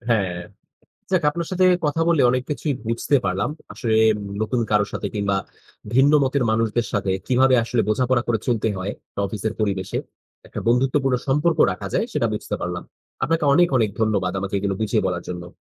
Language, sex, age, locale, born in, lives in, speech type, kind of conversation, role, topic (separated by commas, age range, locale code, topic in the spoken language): Bengali, male, 30-34, bn-BD, Bangladesh, Bangladesh, read, expert-assistant, asker, কীভাবে আমি মানুষের আচরণ বুঝতে শিখতে পারি?